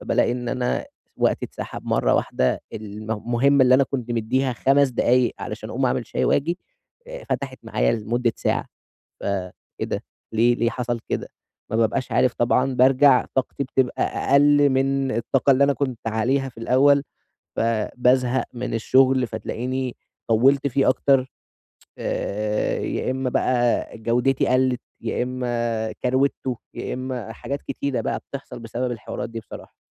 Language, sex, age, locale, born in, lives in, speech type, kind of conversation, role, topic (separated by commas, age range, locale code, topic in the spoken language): Arabic, male, 20-24, ar-EG, Egypt, Egypt, conversation, advice, user, ازاي أوازن وقتي بين المهام السريعة والمهام الطويلة في يومي؟